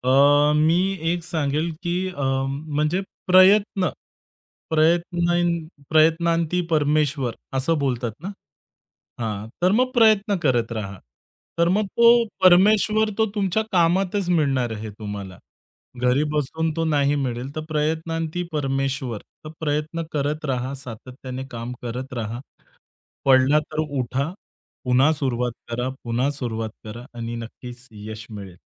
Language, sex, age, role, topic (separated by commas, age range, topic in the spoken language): Marathi, male, 30-34, guest, एखाद्या अपयशानंतर तुला काय समजलं?